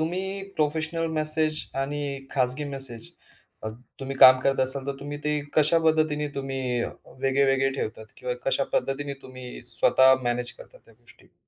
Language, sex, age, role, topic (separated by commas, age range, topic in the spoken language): Marathi, male, 35-39, host, व्यावसायिक संदेश आणि खाजगी संदेश यांमध्ये तुम्ही फरक कसा करता?